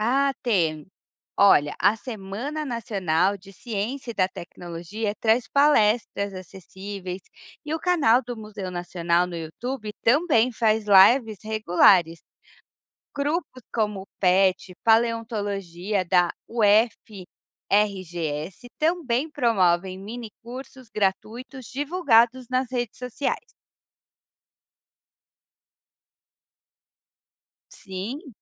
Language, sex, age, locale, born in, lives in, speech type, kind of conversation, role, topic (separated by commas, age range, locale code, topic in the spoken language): Portuguese, female, 35-39, pt-BR, Brazil, Portugal, read, expert-assistant, expert, Onde encontrar informações confiáveis sobre paleontologia no Brasil?